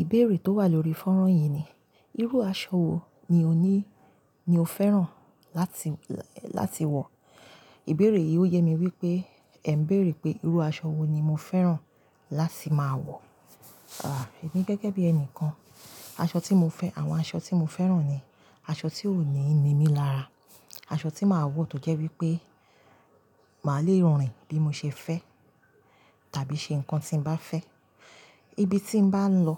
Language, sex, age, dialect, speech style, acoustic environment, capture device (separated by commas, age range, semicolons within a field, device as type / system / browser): Yoruba, female, 35-44, Nigeria - Lagos Yoruba, relaxed, silence, mobile / Linux / Chrome